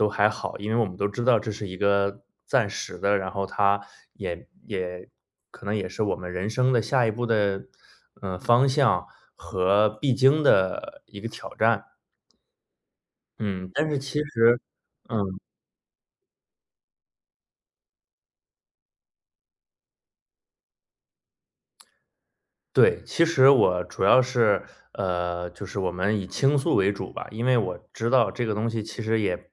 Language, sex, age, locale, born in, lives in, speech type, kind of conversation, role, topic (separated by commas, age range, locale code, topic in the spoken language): Chinese, male, 30-34, zh-CN, China, United States, conversation, advice, user, 父母对职业选择的强烈期待